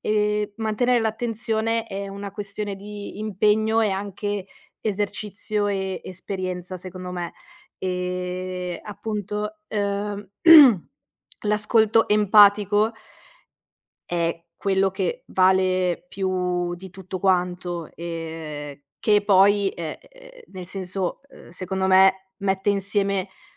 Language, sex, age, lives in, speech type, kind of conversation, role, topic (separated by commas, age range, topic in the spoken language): Italian, female, 30-34, Italy, conversation, podcast, guest, Qual è il ruolo dell’ascolto nella costruzione della fiducia?